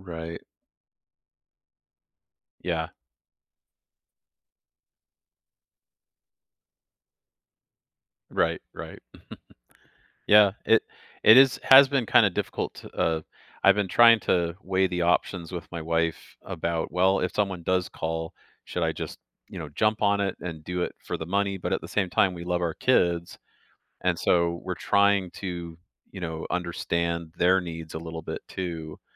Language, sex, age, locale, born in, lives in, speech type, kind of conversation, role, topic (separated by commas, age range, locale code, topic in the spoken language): English, male, 45-49, en-US, Canada, United States, conversation, advice, user, How can I reduce stress while making a major decision?